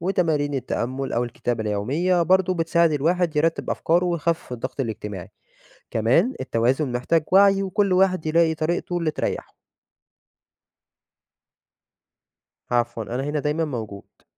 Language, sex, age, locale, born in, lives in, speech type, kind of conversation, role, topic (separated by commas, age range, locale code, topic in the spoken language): Arabic, male, 20-24, ar-EG, Egypt, Egypt, read, expert-assistant, expert, تخيل لو الإنسان كان يقدر يعيش من غير نوم خالص، إزاي ده كان هيأثر على الجسم والعقل؟